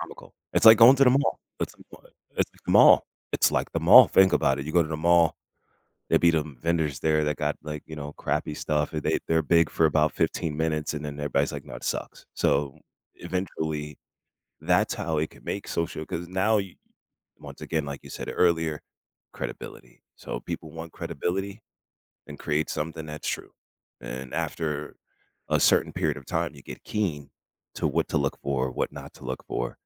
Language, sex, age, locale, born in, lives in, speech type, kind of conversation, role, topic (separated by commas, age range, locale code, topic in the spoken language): English, male, 35-39, en-US, United States, United States, conversation, unstructured, none, What helps you decide whether to trust information you see in the news?